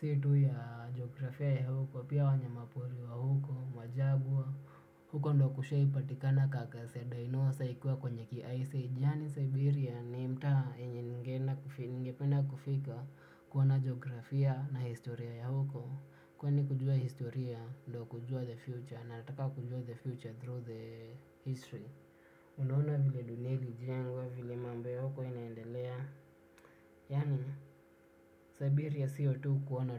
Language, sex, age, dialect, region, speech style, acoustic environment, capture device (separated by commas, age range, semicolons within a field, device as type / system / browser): Swahili, male, 18-24, Kenya - Nairobi Sheng-influenced, Kenya, relaxed, silence, desktop / Windows / Chrome